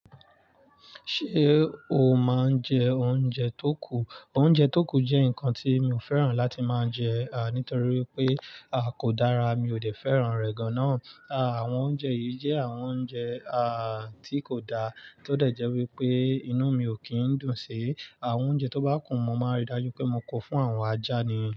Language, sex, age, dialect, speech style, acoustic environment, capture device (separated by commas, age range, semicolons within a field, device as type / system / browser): Yoruba, male, 25-34, Nigeria - Nigerian Standard, excited, nature, mobile / Linux / Chrome